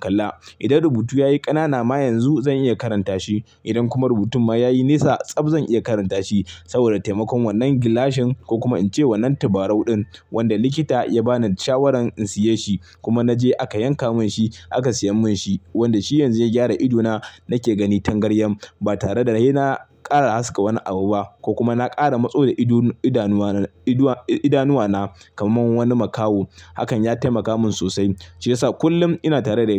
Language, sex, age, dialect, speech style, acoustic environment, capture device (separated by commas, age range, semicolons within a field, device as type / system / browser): Hausa, male, 25-34, Nigeria - Kano, happy, silence, mobile / Linux / Chrome